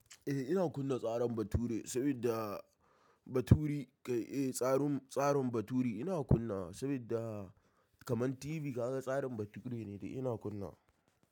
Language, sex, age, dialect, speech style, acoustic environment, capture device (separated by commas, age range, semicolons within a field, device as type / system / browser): Hausa, male, 18-24, Nigeria - Kano, happy; stressed, silence, mobile / macOS / Safari